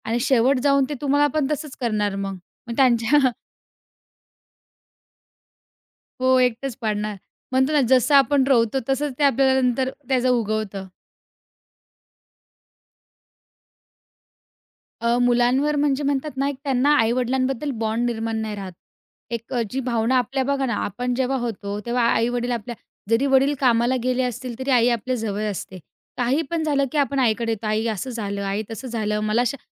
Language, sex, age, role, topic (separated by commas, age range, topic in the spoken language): Marathi, female, 20-24, guest, घर एकत्र राहण्याबाबत आजची पिढी आणि जुनी पिढी यांची मते कशी वेगळी आहेत?